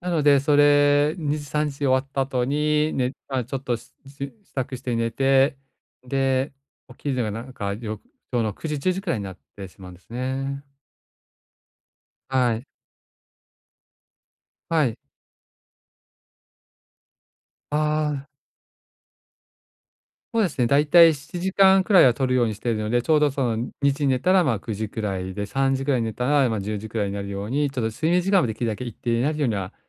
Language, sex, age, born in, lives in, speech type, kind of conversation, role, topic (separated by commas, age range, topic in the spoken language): Japanese, male, 45-49, Japan, Japan, conversation, advice, user, 仕事と休息のバランスをうまく取るにはどうすればいいですか？